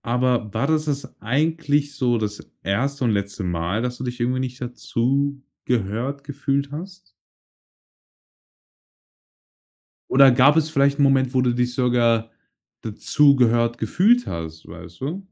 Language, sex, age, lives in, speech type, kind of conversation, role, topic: German, male, 18-19, Germany, conversation, podcast, host, Was bedeutet es für dich eigentlich, dazuzugehören?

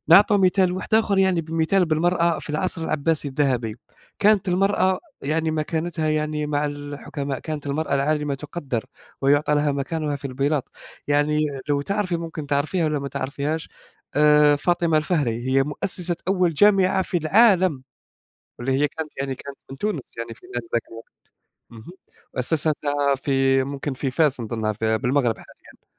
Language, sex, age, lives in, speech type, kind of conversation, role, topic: Arabic, male, 20-24, Germany, conversation, unstructured, none, إنت شايف إن الدين بيعمل نزاعات ولا بيحلّها؟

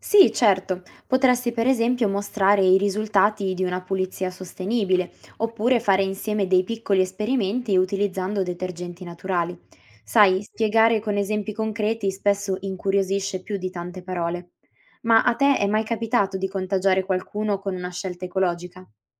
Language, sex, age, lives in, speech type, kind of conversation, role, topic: Italian, female, 20-24, Italy, read, expert-assistant, expert, Quali abitudini posso adottare per vivere in modo più rispettoso verso gli oceani?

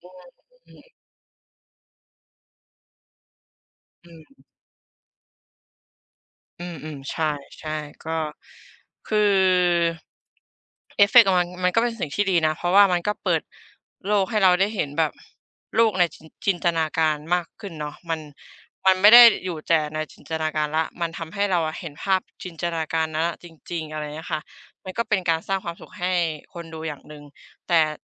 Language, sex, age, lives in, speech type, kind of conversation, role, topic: Thai, female, 25-29, Thailand, conversation, unstructured, none, คุณคิดอย่างไรกับการใช้เทคนิคพิเศษในภาพยนตร์มากเกินไป?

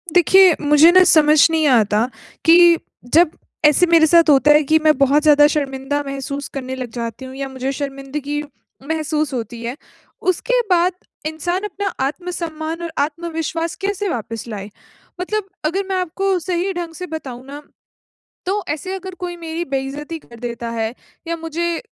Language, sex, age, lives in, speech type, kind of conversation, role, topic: Hindi, female, 25-29, India, conversation, advice, user, मैं शर्मिंदगी के बाद आत्मसम्मान और आत्मविश्वास कैसे बनाए रखूँ?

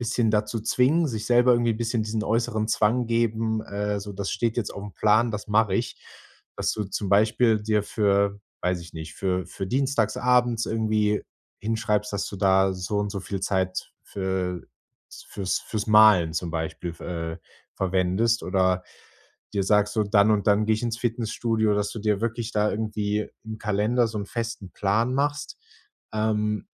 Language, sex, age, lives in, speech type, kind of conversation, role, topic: German, male, 25-29, Germany, conversation, advice, advisor, Wie kann ich abends entspannende Aktivitäten etablieren, statt Zeit vor Bildschirmen zu verbringen?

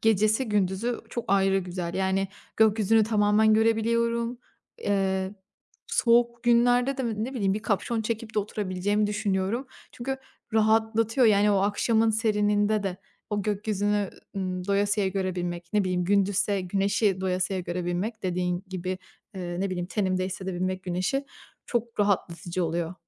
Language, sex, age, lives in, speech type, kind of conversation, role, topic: Turkish, female, 25-29, Italy, conversation, podcast, guest, Evde en rahat hissettiğin köşe neresi, neden?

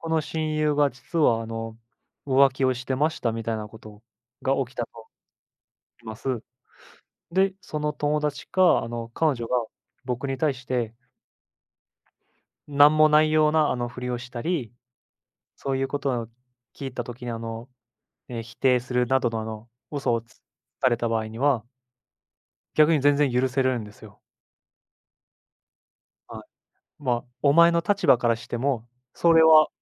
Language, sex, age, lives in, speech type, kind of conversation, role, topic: Japanese, male, 25-29, Japan, conversation, unstructured, none, 人を許すのは簡単だと思いますか？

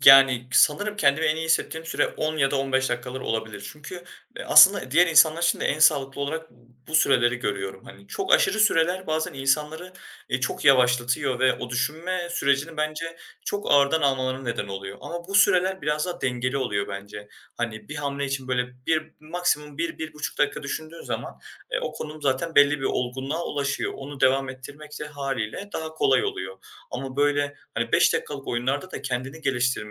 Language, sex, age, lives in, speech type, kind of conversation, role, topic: Turkish, male, 20-24, Germany, conversation, podcast, guest, Bir hobiyi yeniden keşfetme sürecini bize nasıl anlatırsın?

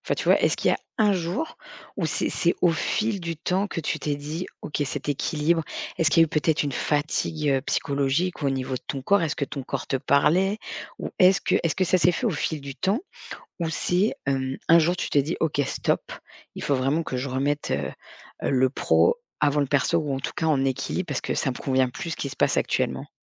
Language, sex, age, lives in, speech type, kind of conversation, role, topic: French, female, 40-44, France, conversation, podcast, host, Comment définirais-tu le succès aujourd’hui, dans ton travail et dans ta vie personnelle ?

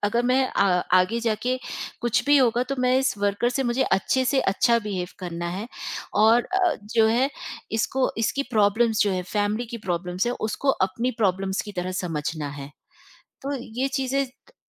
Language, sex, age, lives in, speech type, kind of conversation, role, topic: Hindi, female, 45-49, India, conversation, podcast, guest, Work-life balance se fulfillment kitna important lagta hai?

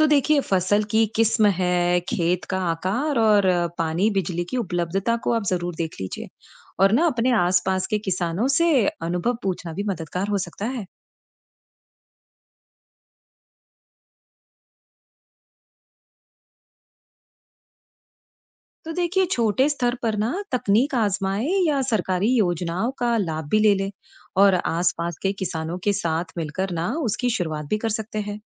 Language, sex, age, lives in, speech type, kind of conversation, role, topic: Hindi, female, 35-39, United States, read, expert-assistant, expert, खेती में नई तकनीकों को अपनाने का फैसला करते समय किन बातों का ध्यान रखना चाहिए, और कैसे तय करें कि कौन-सी तकनीक आपके खेत के लिए सबसे सही है?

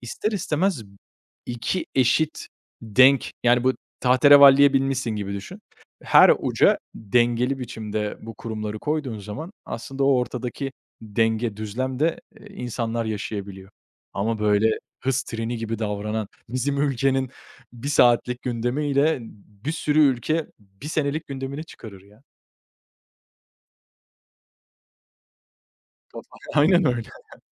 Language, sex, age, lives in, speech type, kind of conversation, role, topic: Turkish, male, 25-29, Italy, conversation, unstructured, none, Haberlerde sıkça gördüğünüz yolsuzluklar size ne hissettiriyor?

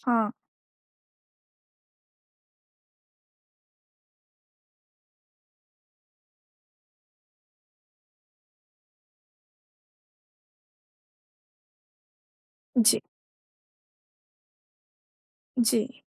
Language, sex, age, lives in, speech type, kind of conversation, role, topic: Hindi, female, 20-24, India, conversation, podcast, host, Ghar par paani bachane ke simple aur practical tareeke kya hain?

